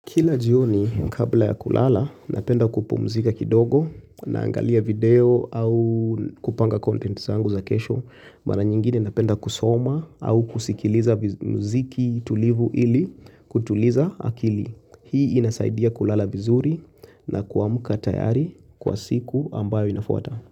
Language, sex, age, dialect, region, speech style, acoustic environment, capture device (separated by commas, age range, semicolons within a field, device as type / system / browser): Swahili, male, 25-34, Kenya - Nairobi Sheng-influenced, Kenya, relaxed; focused; nervous, silence; appliances, mobile / Linux / Chrome